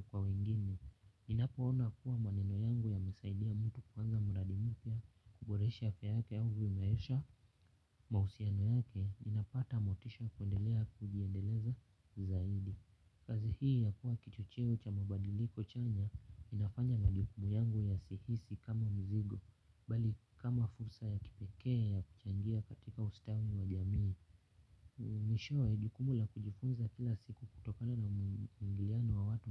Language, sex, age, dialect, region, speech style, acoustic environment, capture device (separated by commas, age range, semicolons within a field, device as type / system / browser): Swahili, male, 18-24, Kenya - Nairobi Sheng-influenced, Kenya, relaxed; focused, silence, mobile / Linux / Chrome